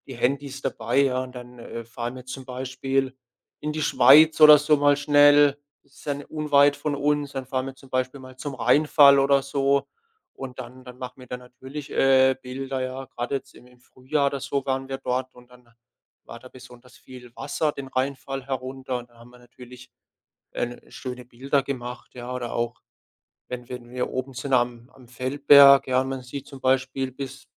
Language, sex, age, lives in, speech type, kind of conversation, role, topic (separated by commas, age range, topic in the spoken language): German, male, 25-29, Germany, conversation, podcast, guest, Wie findest du neue Lieblingsorte in der Natur?